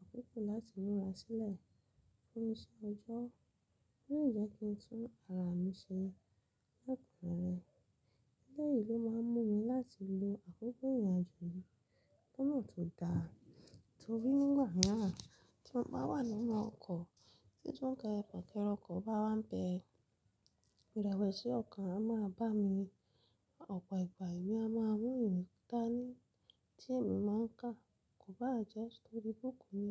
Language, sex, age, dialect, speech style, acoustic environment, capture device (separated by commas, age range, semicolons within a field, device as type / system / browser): Yoruba, female, 25-34, Nigeria - Lagos Yoruba, happy, silence, mobile / Linux / Chrome